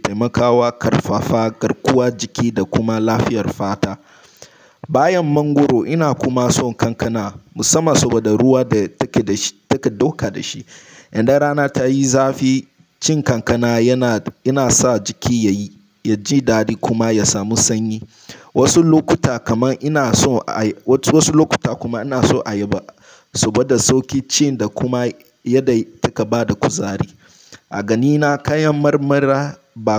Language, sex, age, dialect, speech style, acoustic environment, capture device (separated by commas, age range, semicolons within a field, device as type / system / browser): Hausa, male, 18-24, Nigeria - Nigerian Standard, relaxed; focused, silence, mobile / Linux / Chrome